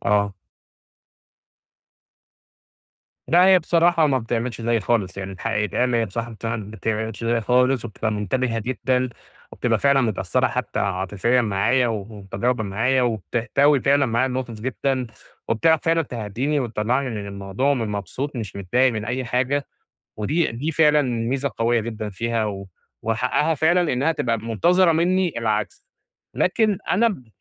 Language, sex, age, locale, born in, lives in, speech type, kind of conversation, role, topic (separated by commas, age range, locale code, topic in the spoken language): Arabic, male, 30-34, ar-EG, Egypt, Egypt, conversation, advice, user, إزاي أسمع لشريكي بتركيز ومن غير ما أقاطعه عشان أفهم احتياجاته؟